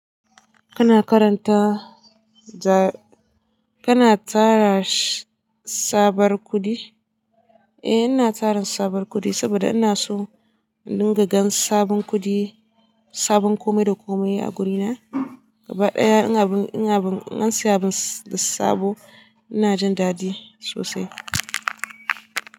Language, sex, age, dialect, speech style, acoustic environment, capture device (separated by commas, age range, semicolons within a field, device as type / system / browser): Hausa, female, 18-24, Nigeria - Katsina, relaxed, silence, desktop / Linux / Chrome